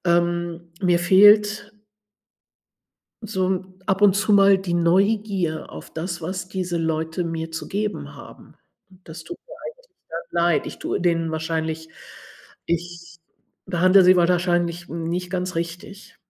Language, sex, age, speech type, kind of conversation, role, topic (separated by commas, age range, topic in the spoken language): German, female, 60-64, conversation, advice, user, Warum fällt es dir als Erwachsener schwer, neue Freunde zu finden?